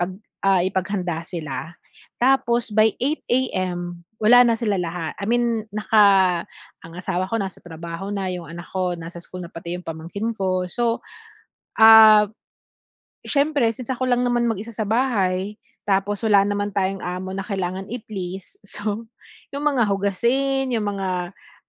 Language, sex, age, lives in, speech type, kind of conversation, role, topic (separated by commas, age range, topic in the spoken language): Filipino, female, 40-44, Philippines, conversation, podcast, guest, Paano mo binabalanse ang oras sa paggamit ng mga ekran at ang kalusugang pangkaisipan mo?